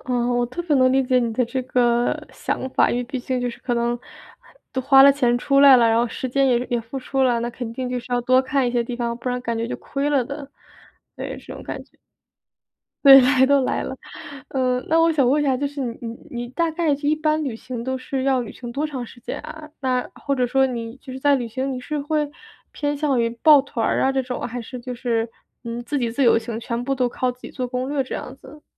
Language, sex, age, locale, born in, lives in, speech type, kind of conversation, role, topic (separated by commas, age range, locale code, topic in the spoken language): Chinese, female, 25-29, zh-CN, China, United States, conversation, advice, advisor, 旅行中如何保持身心健康并保持精力充沛？